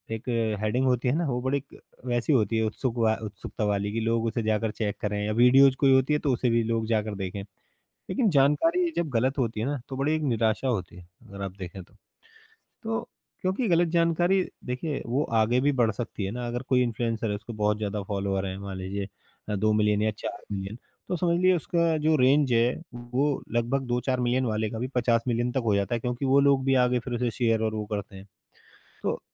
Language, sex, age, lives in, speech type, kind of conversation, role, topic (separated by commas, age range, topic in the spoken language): Hindi, male, 35-39, India, conversation, podcast, guest, इन्फ्लुएंसरों की जिम्मेदारी कितनी होनी चाहिए?